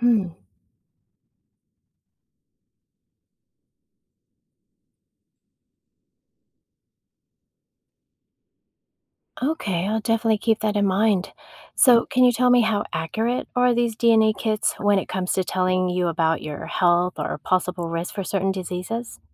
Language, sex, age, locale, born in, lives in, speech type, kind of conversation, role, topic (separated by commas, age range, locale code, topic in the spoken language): English, female, 50-54, en-US, United States, United States, read, expert-assistant, asker, What is DNA and how does it determine our traits?